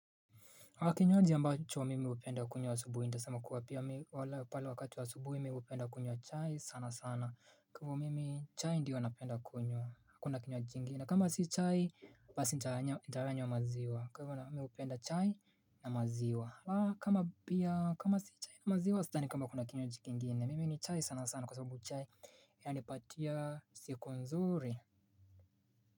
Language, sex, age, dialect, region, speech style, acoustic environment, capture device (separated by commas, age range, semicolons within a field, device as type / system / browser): Swahili, male, 25-34, Kenya - Mombasa, Kenya, tired, silence, mobile / Linux / Chrome